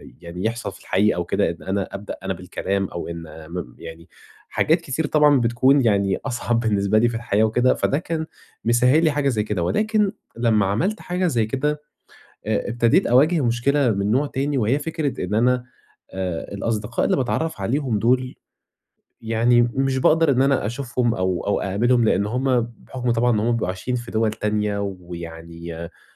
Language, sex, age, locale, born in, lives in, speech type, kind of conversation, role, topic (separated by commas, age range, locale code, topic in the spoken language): Arabic, male, 20-24, ar-EG, Egypt, Egypt, conversation, advice, user, إزاي أتعامل مع إحساس الوحدة بعد ما نقلت لبلد جديد؟